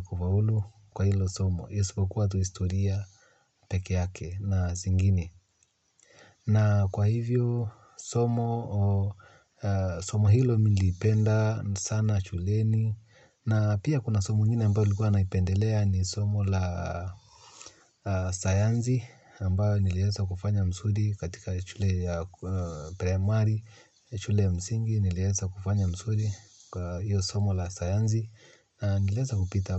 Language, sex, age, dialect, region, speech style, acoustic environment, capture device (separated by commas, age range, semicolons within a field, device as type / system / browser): Swahili, male, 35-44, Kenya - Nairobi Sheng-influenced, Kenya, happy; focused, silence, mobile / Linux / Chrome